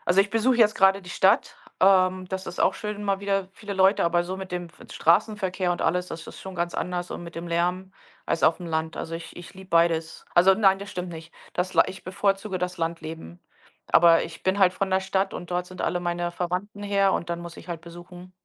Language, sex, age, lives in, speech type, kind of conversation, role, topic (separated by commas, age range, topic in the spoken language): German, female, 45-49, United States, conversation, unstructured, none, Was denkst du über das Leben in der Stadt im Vergleich zum Leben auf dem Land?